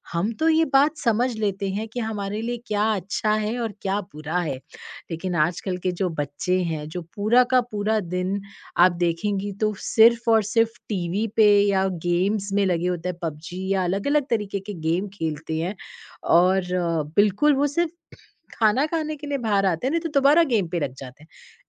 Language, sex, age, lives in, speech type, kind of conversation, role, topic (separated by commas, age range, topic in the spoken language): Hindi, female, 30-34, India, conversation, podcast, host, आप सोशल मीडिया के मानसिक प्रभाव को कैसे संभालते हैं?